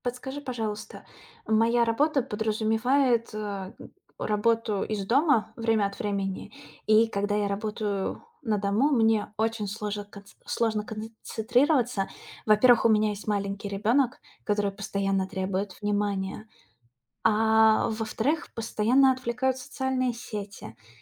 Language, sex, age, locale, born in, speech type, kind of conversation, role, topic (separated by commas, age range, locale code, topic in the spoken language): Russian, female, 30-34, ru-RU, Russia, conversation, advice, user, Как справиться со сложностями концентрации при работе из дома и постоянными отвлечениями?